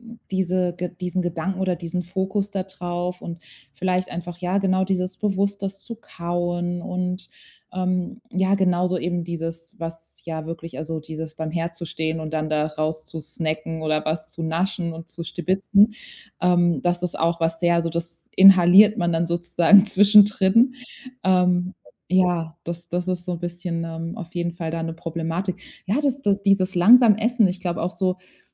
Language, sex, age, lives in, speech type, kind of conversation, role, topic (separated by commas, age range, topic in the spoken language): German, female, 30-34, Germany, conversation, advice, user, Wie kann ich damit umgehen, dass mein Körper widersprüchliche Signale zu Hunger und Sättigung sendet?